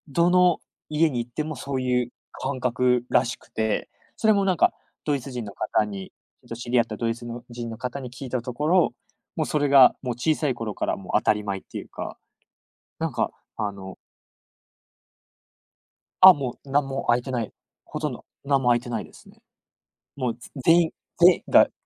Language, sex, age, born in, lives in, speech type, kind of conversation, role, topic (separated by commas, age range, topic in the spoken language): Japanese, male, 20-24, United States, Japan, conversation, podcast, guest, 異文化で気づいた大切なことは何ですか？